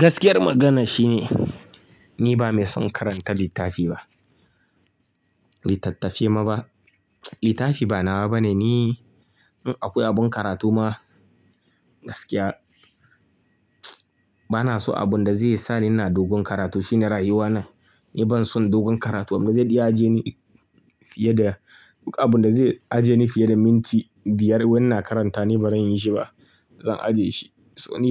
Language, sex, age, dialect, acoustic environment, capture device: Hausa, male, 25-34, Nigeria - Kano, silence, mobile / Linux / Chrome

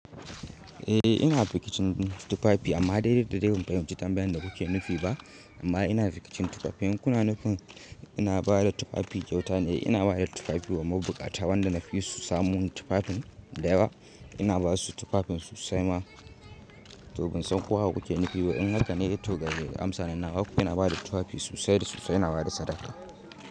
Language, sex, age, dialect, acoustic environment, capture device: Hausa, male, 18-24, Nigeria - Kano, silence, mobile / Linux / Chrome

